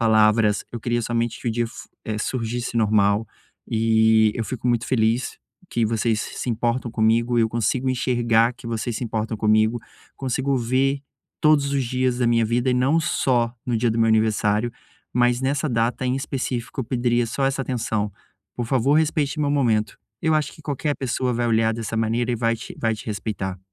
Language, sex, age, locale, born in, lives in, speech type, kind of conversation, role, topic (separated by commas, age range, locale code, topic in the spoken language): Portuguese, male, 30-34, pt-BR, Brazil, Portugal, conversation, advice, advisor, Como posso conciliar meus limites pessoais com a pressão para comemorar sem magoar meus amigos?